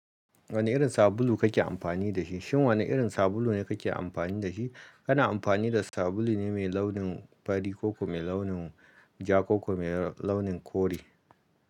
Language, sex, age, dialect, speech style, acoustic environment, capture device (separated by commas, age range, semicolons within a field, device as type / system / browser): Hausa, male, 35-44, Nigeria - Kano, happy, silence, mobile / Linux / Chrome